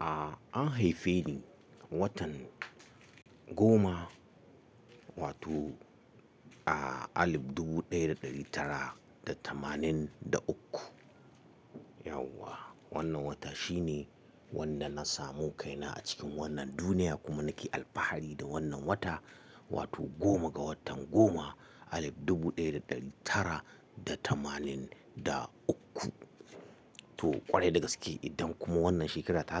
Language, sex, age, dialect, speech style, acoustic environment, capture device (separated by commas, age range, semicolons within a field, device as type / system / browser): Hausa, male, 35-44, Nigeria - Katsina, happy; relaxed; excited; focused, silence, mobile / Linux / Chrome